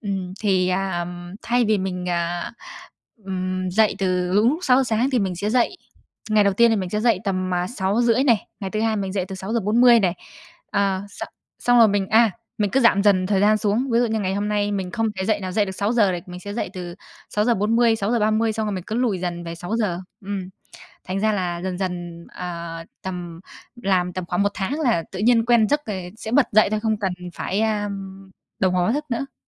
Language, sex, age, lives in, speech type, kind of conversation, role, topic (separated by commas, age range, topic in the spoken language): Vietnamese, female, 20-24, Vietnam, conversation, podcast, guest, Thói quen buổi sáng nào giúp bạn khỏe mạnh nhất?